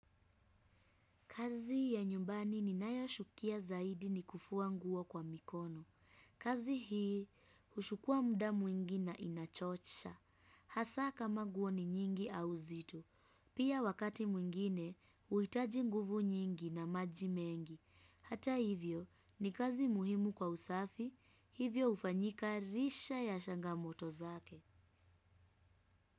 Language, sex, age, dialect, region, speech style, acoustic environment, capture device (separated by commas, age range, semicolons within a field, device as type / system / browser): Swahili, female, 25-34, Kenya - Nairobi Sheng-influenced, Kenya, happy, people talking, desktop / Windows / Chrome